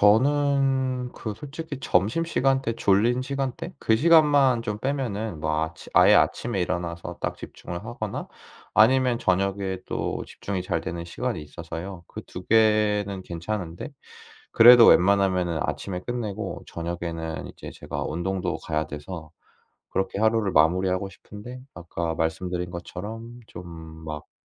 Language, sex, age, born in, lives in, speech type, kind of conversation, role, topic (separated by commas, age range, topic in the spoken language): Korean, male, 25-29, South Korea, South Korea, conversation, advice, user, 목표를 꾸준히 지키지 못해 습관이 잘 안 붙는데, 어떻게 하면 좋을까요?